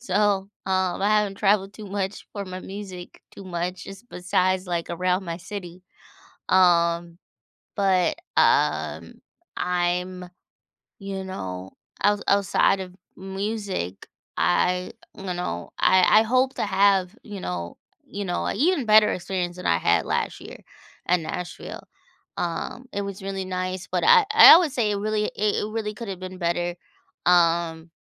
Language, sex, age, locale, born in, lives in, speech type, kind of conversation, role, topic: English, female, 30-34, en-US, United States, United States, conversation, podcast, guest, How have your travels shaped the way you see the world?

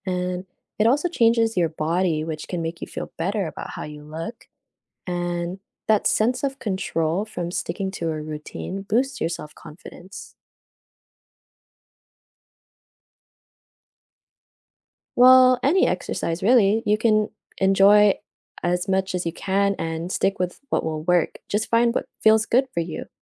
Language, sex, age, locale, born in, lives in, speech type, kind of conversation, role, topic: English, female, 20-24, en-US, United States, United States, read, expert-assistant, expert, What are the benefits of regular exercise for mental health?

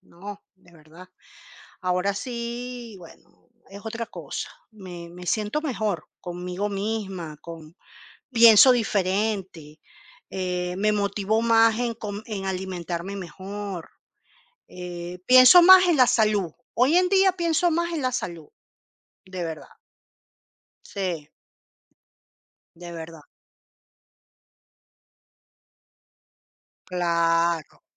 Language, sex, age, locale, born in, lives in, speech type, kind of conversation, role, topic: Spanish, female, 45-49, es-CL, Venezuela, Portugal, conversation, unstructured, none, ¿Alguna vez has sentido que hacer deporte te ayuda a ser más feliz?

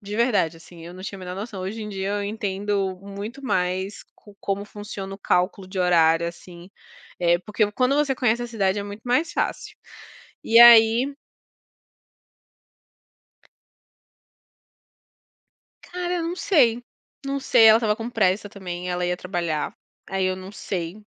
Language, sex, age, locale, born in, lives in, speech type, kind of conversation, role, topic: Portuguese, female, 30-34, pt-BR, Brazil, France, conversation, unstructured, none, Qual foi o momento mais solitário que você viveu em uma viagem?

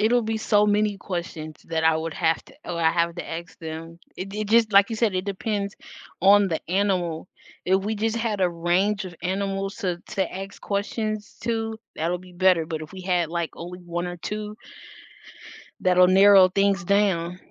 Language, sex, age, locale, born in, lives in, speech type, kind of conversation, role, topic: English, female, 20-24, en-US, United States, United States, conversation, unstructured, none, How might understanding animals' thoughts change the way we relate to them?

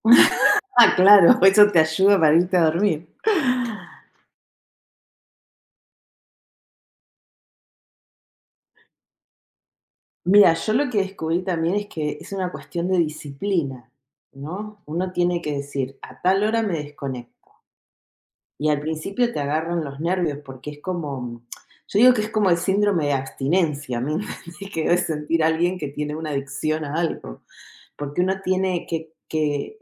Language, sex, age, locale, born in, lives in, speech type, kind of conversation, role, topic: Spanish, female, 50-54, es-US, Argentina, United States, conversation, unstructured, none, ¿Cómo te aseguras de dormir lo suficiente cada noche?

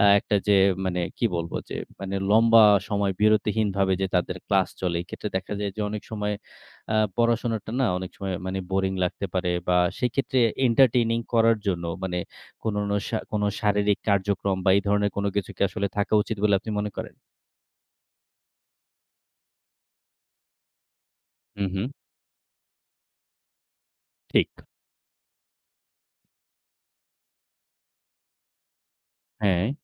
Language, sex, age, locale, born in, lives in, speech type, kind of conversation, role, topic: Bengali, male, 30-34, bn-BD, Bangladesh, Bangladesh, conversation, podcast, host, শিক্ষাকে মজার করে তোলার সহজ উপায় কী?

